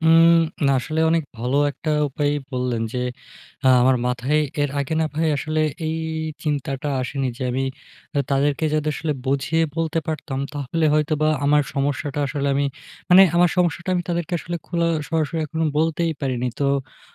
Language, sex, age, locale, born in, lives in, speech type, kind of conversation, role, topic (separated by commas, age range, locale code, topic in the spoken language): Bengali, male, 20-24, bn-BD, Bangladesh, Bangladesh, conversation, advice, user, অবিরাম সভা ও ফোনকলের কারণে আপনার গভীরভাবে কাজ করার জন্য কি পর্যাপ্ত সময় থাকছে না?